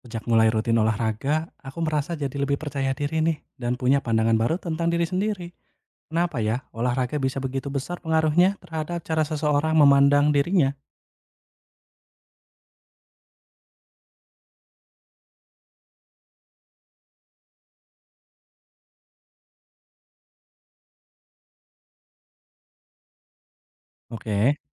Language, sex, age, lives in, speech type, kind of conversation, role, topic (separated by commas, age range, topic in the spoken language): Indonesian, male, 25-29, Indonesia, read, expert-assistant, asker, Bagaimana olahraga memengaruhi cara seseorang memandang diri sendiri?